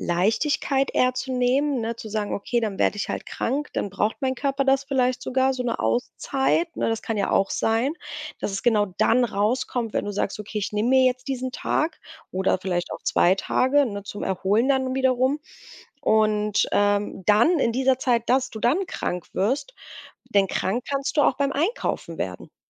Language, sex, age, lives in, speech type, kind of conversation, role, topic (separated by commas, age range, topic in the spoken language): German, female, 35-39, Germany, conversation, advice, advisor, Wie gehe ich mit ständigen Sorgen um meine Gesundheit um?